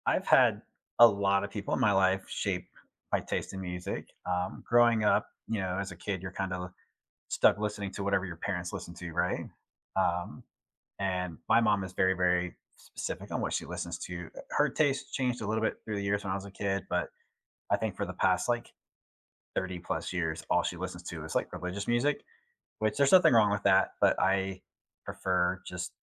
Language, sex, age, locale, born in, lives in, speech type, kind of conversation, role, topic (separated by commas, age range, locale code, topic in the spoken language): English, male, 40-44, en-US, United States, United States, conversation, unstructured, none, Who in your life most shaped your taste in music, and which memories stand out?